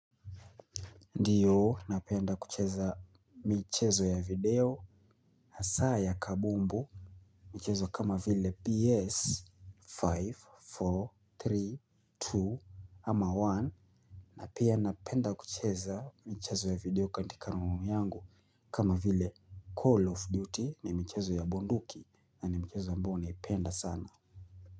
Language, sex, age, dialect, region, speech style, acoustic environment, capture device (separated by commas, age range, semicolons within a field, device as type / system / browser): Swahili, male, 25-34, Kenya - Mombasa, Kenya, happy, silence; nature, mobile / Linux / Chrome